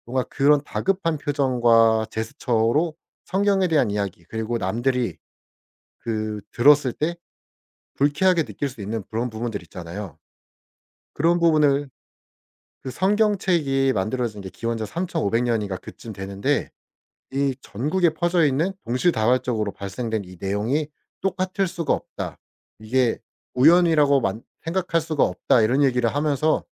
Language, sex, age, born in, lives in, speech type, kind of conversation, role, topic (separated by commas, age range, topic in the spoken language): Korean, male, 25-29, South Korea, South Korea, conversation, podcast, guest, 직감 덕분에 일이 잘 풀렸던 경험이 있으신가요?